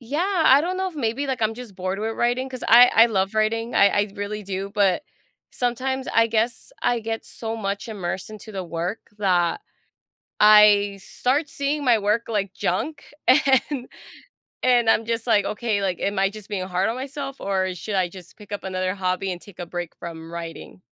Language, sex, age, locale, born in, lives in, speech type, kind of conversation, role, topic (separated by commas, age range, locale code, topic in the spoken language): English, female, 25-29, en-US, United States, United States, conversation, advice, user, How can I add more adventure to my routine?